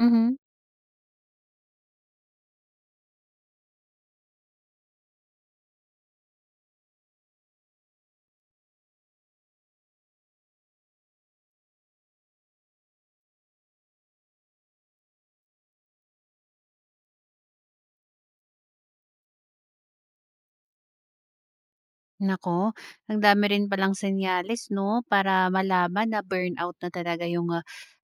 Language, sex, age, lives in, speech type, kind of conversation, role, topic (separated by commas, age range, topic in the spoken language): Filipino, female, 30-34, Philippines, conversation, podcast, host, Paano mo malalaman kung nagsisimula ka nang mapagod nang labis?